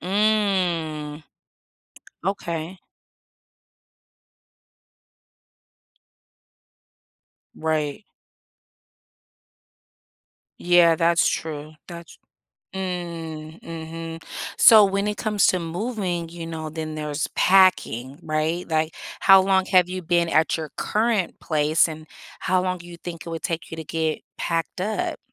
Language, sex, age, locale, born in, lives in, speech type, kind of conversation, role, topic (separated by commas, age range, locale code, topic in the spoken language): English, female, 40-44, en-US, United States, United States, conversation, advice, advisor, How can I move into my new home smoothly?